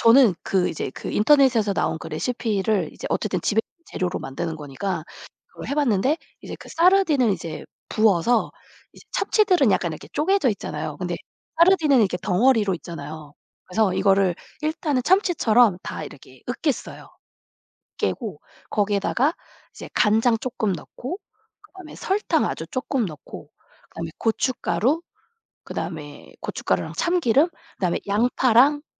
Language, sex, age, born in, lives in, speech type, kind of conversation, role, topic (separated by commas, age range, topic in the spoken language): Korean, female, 40-44, South Korea, United States, conversation, podcast, guest, 남은 재료로 만든 의외의 성공작이 있었나요?